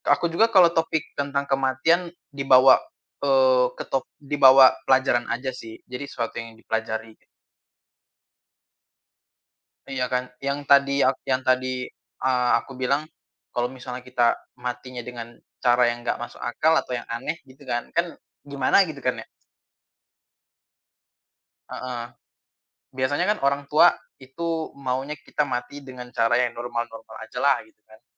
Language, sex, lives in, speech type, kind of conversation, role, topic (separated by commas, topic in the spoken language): Indonesian, male, Indonesia, conversation, unstructured, none, Apa yang biasanya membuatmu merasa tidak nyaman saat membicarakan kematian?